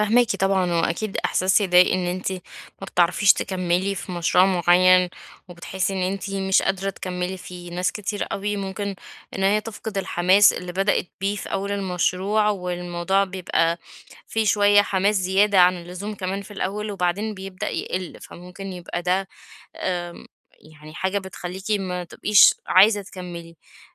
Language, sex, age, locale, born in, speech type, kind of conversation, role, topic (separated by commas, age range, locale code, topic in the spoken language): Arabic, female, 30-34, ar-EG, Egypt, conversation, advice, advisor, ليه ببدأ مشاريع جديدة بدل ما أكمّل المشاريع اللي عندي؟